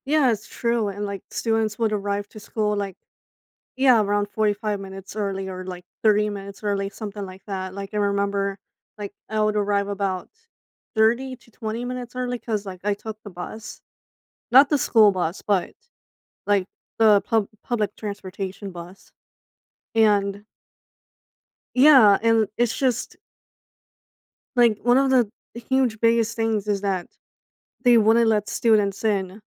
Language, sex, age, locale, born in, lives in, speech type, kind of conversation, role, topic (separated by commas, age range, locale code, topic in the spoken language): English, female, 25-29, en-US, United States, United States, conversation, advice, user, How can I move past regret about missed opportunities and create new ones?